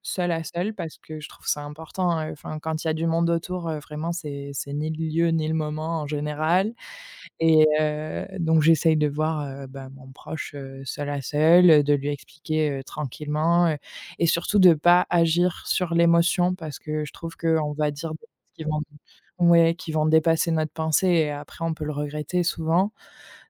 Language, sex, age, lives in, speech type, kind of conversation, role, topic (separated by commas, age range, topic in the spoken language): French, female, 25-29, France, conversation, podcast, guest, Comment poses-tu des limites avec tes proches ?